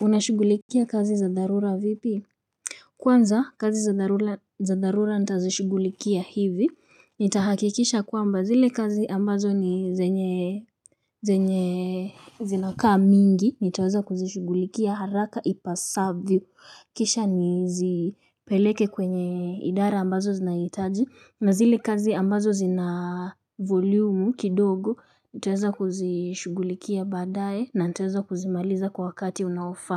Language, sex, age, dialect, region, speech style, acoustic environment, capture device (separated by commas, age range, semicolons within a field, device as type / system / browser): Swahili, female, 18-24, Kenya - Nairobi Sheng-influenced, Kenya, relaxed, silence, mobile / Linux / Chrome